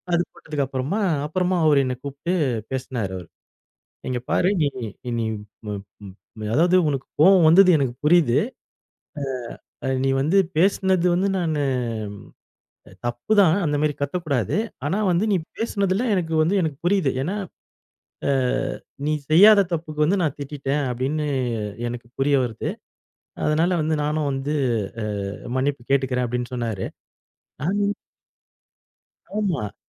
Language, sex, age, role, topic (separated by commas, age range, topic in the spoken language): Tamil, male, 40-44, guest, பணியில் உங்களுக்கு மரியாதை முக்கியமா, அல்லது சம்பளம் முக்கியமா?